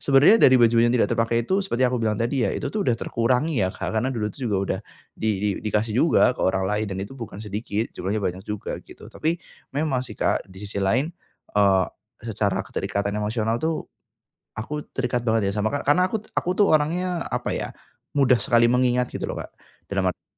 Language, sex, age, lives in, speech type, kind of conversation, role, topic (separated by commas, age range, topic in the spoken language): Indonesian, male, 25-29, Indonesia, conversation, advice, user, Bagaimana cara menata dan mengurangi barang di rumah yang sudah terlalu banyak?